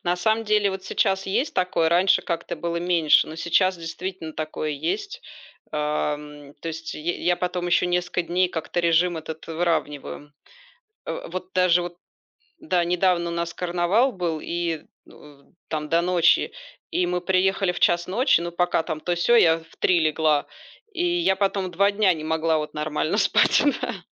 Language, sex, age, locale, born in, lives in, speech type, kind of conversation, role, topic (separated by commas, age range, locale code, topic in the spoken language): Russian, female, 40-44, ru-RU, Belarus, Spain, conversation, unstructured, none, Утром или вечером вы чувствуете себя наиболее продуктивным?